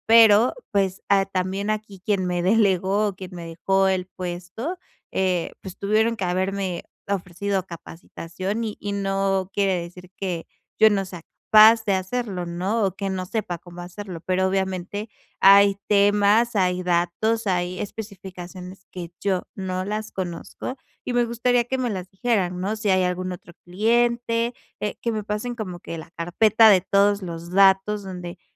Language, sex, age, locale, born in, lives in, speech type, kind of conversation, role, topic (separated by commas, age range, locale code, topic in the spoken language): Spanish, female, 25-29, es-MX, Mexico, Mexico, conversation, advice, advisor, ¿Cómo puedo aprender de mis errores para avanzar profesionalmente?